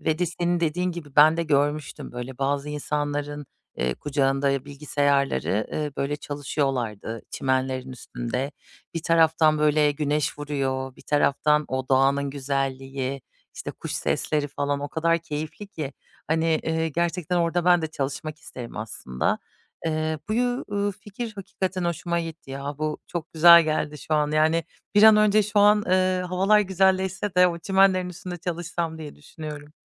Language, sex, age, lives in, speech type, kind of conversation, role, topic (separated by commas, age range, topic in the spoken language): Turkish, female, 30-34, Germany, conversation, advice, user, Ortak alandaki gürültü ve sohbetlere rağmen nasıl daha iyi odaklanabilirim?